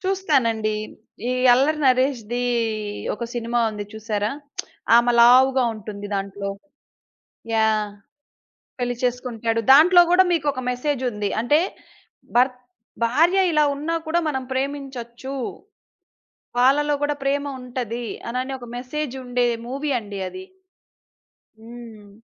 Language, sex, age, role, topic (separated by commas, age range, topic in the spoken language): Telugu, female, 30-34, guest, ప్రేక్షకులకు ఎక్కువగా నచ్చే ముగింపులు ఏవి?